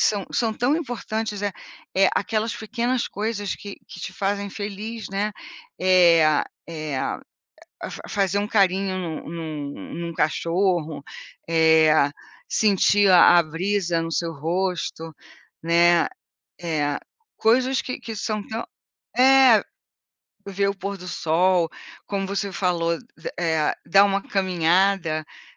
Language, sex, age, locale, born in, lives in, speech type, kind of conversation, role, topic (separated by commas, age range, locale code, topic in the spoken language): Portuguese, female, 60-64, pt-BR, Brazil, United States, conversation, unstructured, none, Você acha que o dinheiro compra felicidade? Por quê?